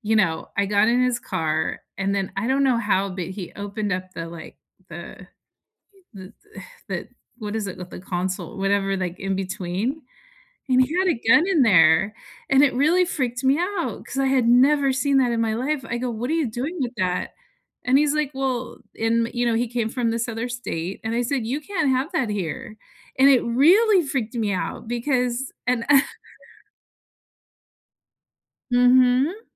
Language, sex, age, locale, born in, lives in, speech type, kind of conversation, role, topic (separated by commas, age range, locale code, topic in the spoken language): English, female, 50-54, en-US, United States, United States, conversation, unstructured, none, How do you respond when you see someone being treated unfairly?